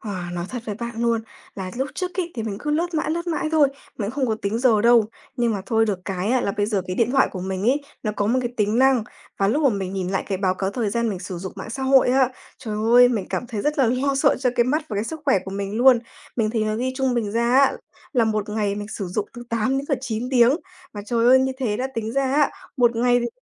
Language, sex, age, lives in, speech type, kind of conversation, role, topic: Vietnamese, female, 20-24, Vietnam, conversation, advice, user, Làm thế nào để bắt đầu thay đổi khi tôi bị mắc kẹt trong một thói quen tiêu cực?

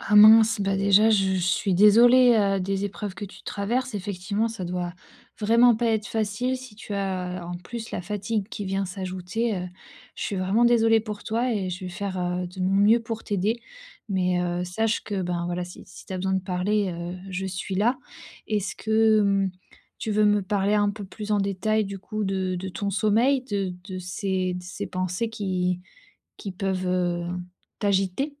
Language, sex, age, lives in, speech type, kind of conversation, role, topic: French, female, 30-34, France, conversation, advice, advisor, Comment puis-je calmer mon esprit avant de dormir lorsque des pensées agitées m’empêchent de m’endormir ?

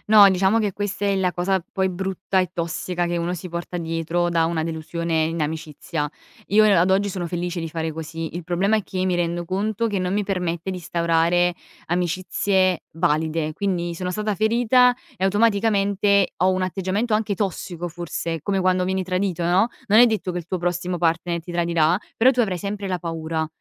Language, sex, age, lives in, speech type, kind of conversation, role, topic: Italian, female, 20-24, Italy, conversation, podcast, guest, Come puoi imparare a fidarti di nuovo dopo essere stato ferito?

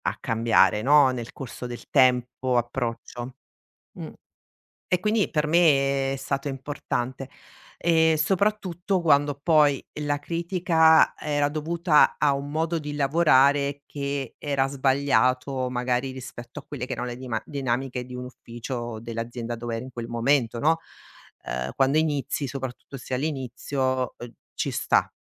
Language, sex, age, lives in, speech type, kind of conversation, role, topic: Italian, female, 45-49, Italy, conversation, podcast, guest, Come gestisci davvero le critiche al tuo lavoro?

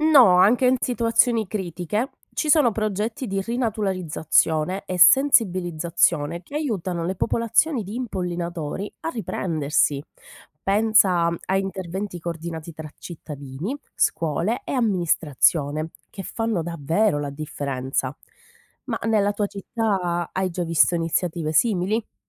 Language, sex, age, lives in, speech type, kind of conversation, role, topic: Italian, female, 30-34, Italy, read, expert-assistant, expert, Come sarebbe il mondo se tutti gli insetti impollinatori scomparissero improvvisamente?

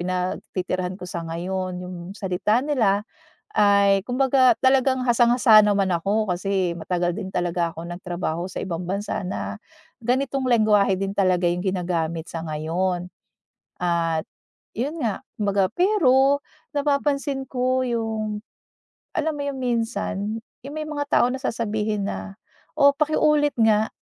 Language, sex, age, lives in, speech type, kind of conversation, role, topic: Filipino, female, 40-44, United States, conversation, advice, user, Paano ako makakasabay sa lokal na kultura kung pakiramdam ko ay naiiba ako?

